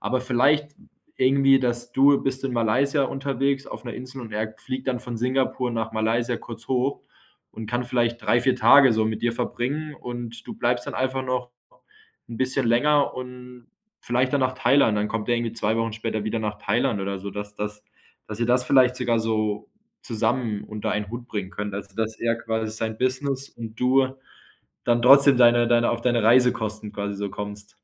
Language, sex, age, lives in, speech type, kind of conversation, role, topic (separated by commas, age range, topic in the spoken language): German, male, 25-29, Germany, conversation, advice, advisor, Wie kann ich herausfinden, welche Lebensziele mir wirklich wichtig sind?